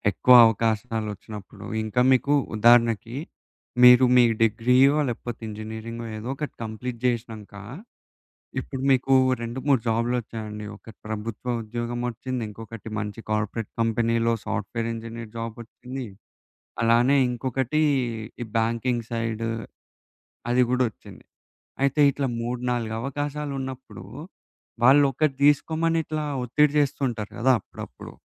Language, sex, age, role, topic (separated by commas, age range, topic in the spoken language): Telugu, male, 20-24, host, ఎక్కువ అవకాశాలు ఎదురైనప్పుడు మీ ప్రాధాన్యాలను ఎలా నిర్ణయిస్తారు?